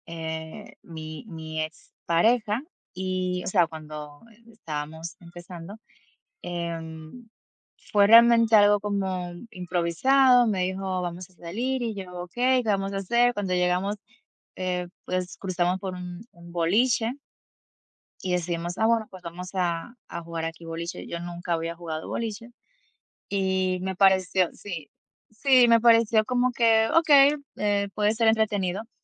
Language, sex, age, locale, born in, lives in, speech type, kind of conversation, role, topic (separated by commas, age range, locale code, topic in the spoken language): Spanish, female, 30-34, es-DO, Dominican Republic, United States, conversation, unstructured, none, ¿Cuál ha sido tu cita más divertida?